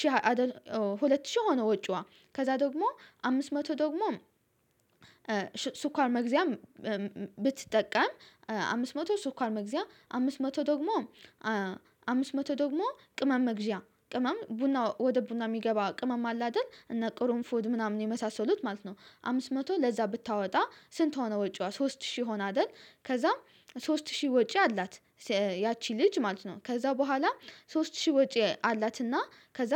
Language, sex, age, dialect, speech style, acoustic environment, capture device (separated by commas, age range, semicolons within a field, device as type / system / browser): Amharic, female, 18-24, Ethiopia - Addis Ababa, relaxed, silence, mobile / Linux / Chrome